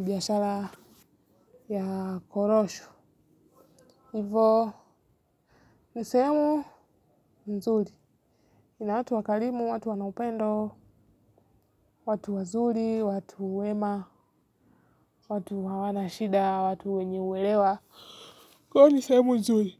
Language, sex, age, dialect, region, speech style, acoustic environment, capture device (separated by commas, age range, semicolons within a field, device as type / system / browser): Swahili, female, 18-24, Kenya - Nairobi Sheng-influenced, Kenya, relaxed; focused, nature; silence, mobile / Linux / Chrome